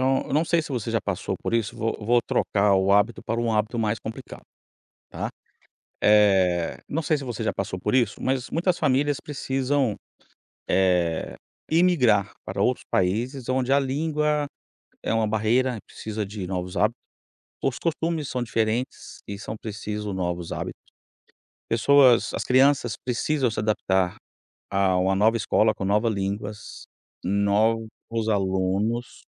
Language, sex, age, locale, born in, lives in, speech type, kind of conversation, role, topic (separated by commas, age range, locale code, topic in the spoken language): Portuguese, male, 45-49, pt-BR, Brazil, United States, conversation, podcast, host, Que estratégias você usa para criar um novo hábito do zero?